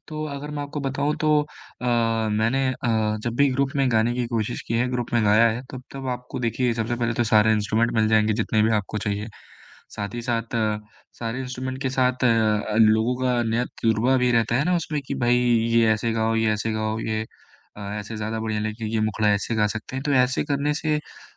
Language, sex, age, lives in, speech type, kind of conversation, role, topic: Hindi, male, 25-29, India, conversation, podcast, guest, Hobby mein community se judkar tumhe kya fayda hua, aur kaise?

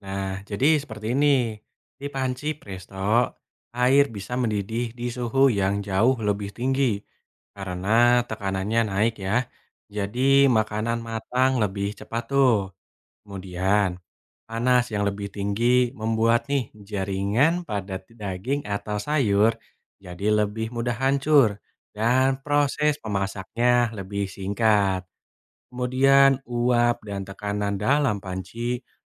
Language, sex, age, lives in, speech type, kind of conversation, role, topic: Indonesian, male, 20-24, Indonesia, read, expert-assistant, expert, Apa yang menyebabkan air mendidih pada suhu tertentu?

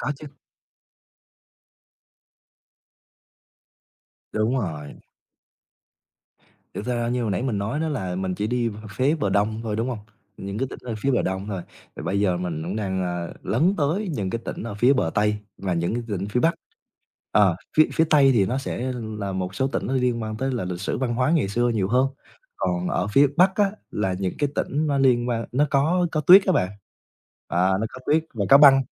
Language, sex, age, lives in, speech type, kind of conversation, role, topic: Vietnamese, male, 20-24, Vietnam, conversation, podcast, guest, Bạn có thể kể về một chuyến đi khiến bạn nhớ mãi không?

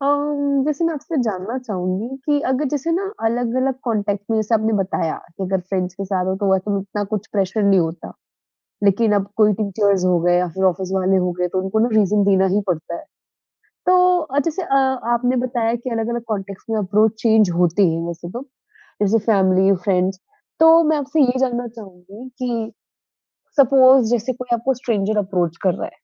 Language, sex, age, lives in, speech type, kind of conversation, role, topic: Hindi, female, 20-24, India, conversation, podcast, host, Jab tumhe kisi cheez ke liye mana karna ho, toh tum kaise bolte ho?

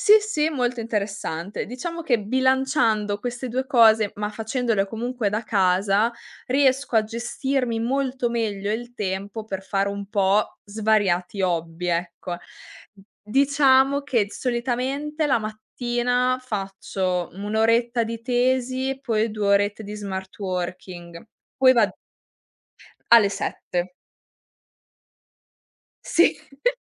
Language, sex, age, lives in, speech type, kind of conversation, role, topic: Italian, female, 20-24, Italy, conversation, podcast, guest, Come riesci a bilanciare tempo libero, lavoro e hobby?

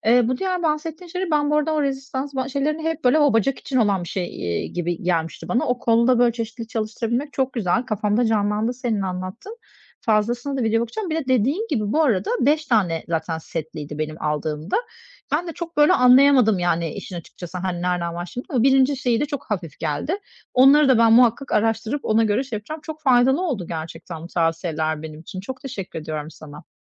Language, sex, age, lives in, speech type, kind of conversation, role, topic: Turkish, female, 40-44, Greece, conversation, advice, user, İş günümde kısa egzersizleri düzenli olarak nasıl sığdırabilirim?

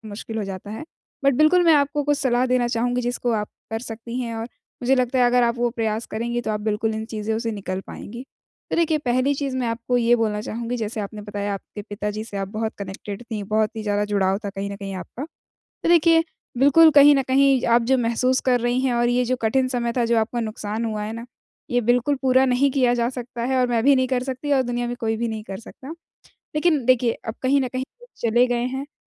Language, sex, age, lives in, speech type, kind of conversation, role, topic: Hindi, female, 20-24, India, conversation, advice, advisor, मैं लगातार विचारों की उलझन से निजात पाकर अपना ध्यान कैसे वापस ला सकता/सकती हूँ?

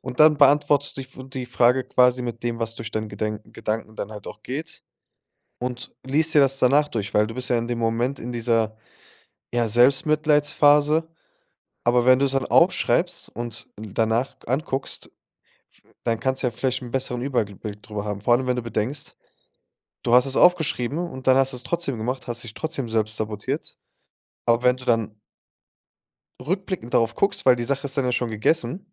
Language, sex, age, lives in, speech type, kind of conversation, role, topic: German, male, 25-29, Germany, conversation, advice, advisor, Wie kann ich Muster der Selbstsabotage bei mir erkennen und verändern?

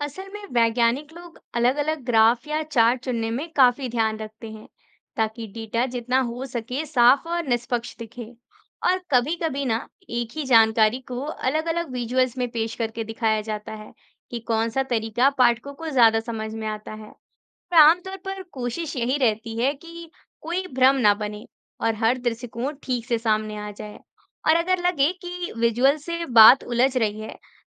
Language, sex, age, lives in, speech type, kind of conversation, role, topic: Hindi, female, 20-24, India, read, expert-assistant, expert, वैज्ञानिक डेटा को आम भाषा में समझाना कितना आसान है?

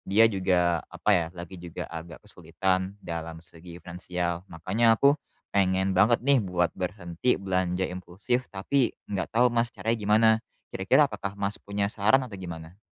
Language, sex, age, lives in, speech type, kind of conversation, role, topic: Indonesian, male, 20-24, Indonesia, conversation, advice, user, Bagaimana caranya agar saya bisa rutin menabung meski kebiasaan belanja impulsif sering menghalangi?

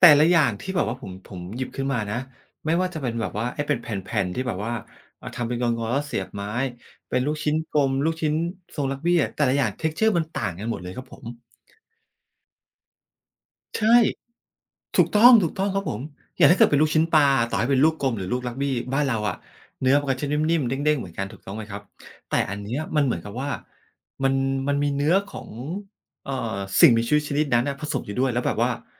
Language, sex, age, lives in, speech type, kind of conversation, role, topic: Thai, male, 45-49, Thailand, conversation, podcast, guest, อาหารสตรีทฟู้ดจานโปรดของคุณผูกพันกับความทรงจำแบบไหนบ้าง?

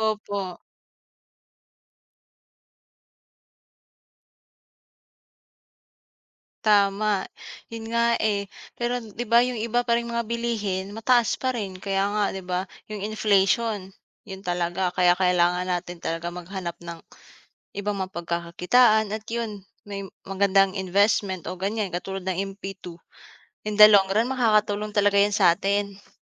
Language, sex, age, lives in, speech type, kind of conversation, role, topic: Filipino, female, 25-29, Philippines, conversation, unstructured, none, Paano mo ginagamit ang pera para makamit ang mga pangarap mo?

